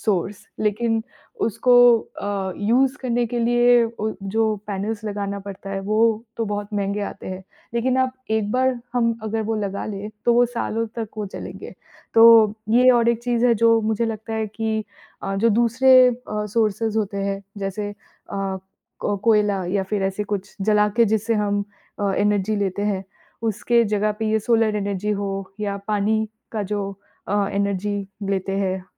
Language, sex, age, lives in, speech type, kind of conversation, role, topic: Hindi, female, 30-34, United States, conversation, unstructured, none, आपके अनुसार प्रदूषण कम करने के लिए सबसे बड़ा कदम क्या हो सकता है?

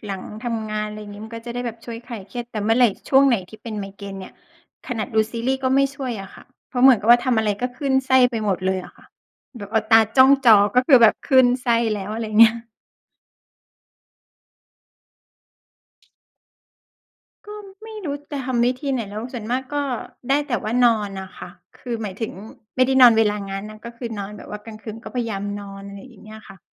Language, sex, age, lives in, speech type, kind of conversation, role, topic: Thai, female, 40-44, Thailand, conversation, unstructured, none, คุณคิดว่าความเครียดส่งผลต่อร่างกายอย่างไรบ้าง?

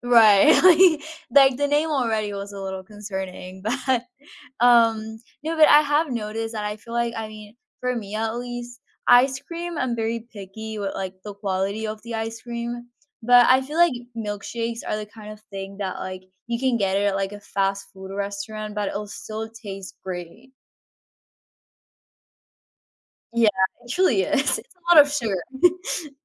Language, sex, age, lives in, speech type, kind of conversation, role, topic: English, female, 18-19, United States, conversation, unstructured, none, Which do you prefer, sweet snacks or salty snacks, and why?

